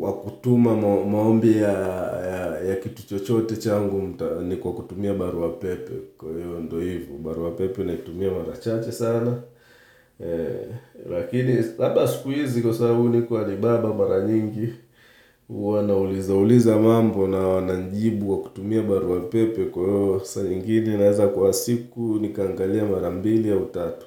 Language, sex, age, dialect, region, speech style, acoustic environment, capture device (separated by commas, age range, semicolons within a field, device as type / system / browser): Swahili, male, 35-44, Tanzania - Dar es Salaam, Tanzania, focused, silence, mobile / Linux / Chrome